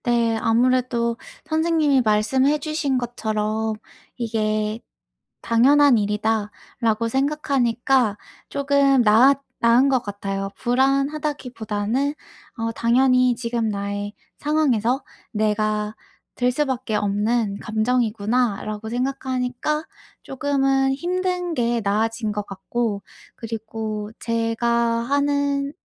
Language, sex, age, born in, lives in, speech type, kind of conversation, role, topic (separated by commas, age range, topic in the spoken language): Korean, female, 25-29, South Korea, United States, conversation, advice, user, 다가오는 큰 변화가 불안한데, 지금 어떻게 준비하면 좋을까요?